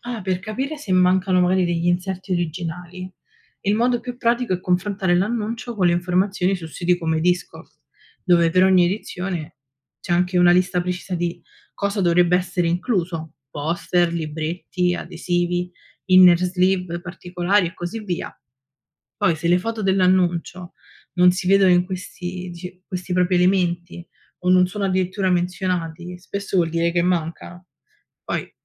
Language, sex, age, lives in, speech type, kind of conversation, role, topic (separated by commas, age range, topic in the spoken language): Italian, female, 20-24, Italy, read, expert-assistant, expert, Come si riconosce e si acquista un vinile raro?